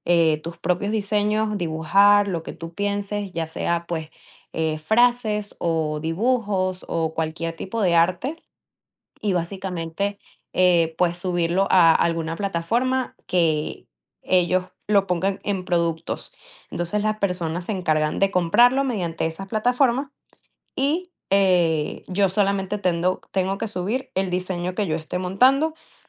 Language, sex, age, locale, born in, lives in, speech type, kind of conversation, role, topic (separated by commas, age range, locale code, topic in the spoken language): Spanish, female, 20-24, es-VE, Venezuela, United States, conversation, advice, user, ¿De qué manera el perfeccionismo te impide terminar tus proyectos creativos y te lleva a rehacerlos una y otra vez?